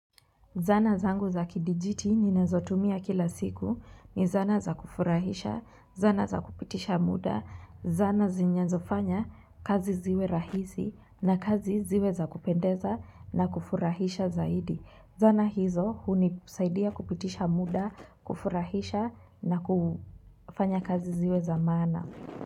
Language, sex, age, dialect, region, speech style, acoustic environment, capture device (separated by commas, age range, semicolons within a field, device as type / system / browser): Swahili, female, 18-24, Kenya - Nairobi Sheng-influenced, Kenya, relaxed, nature, mobile / Linux / Chrome